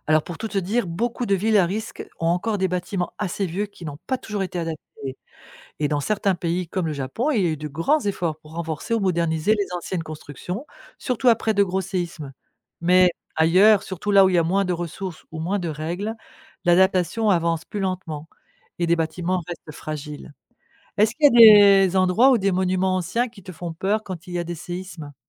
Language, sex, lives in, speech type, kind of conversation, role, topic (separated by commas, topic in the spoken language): French, female, France, read, expert-assistant, expert, Qu’est-ce qui provoque les tremblements de terre ?